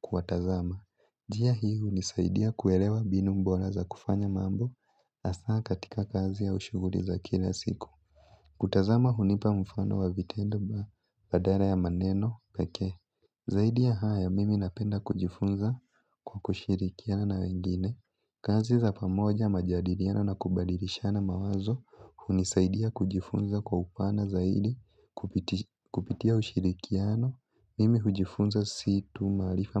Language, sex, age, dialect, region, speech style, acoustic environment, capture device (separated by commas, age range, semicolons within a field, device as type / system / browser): Swahili, male, 18-24, Kenya - Nairobi Sheng-influenced, Kenya, relaxed; focused, nature, mobile / Linux / Chrome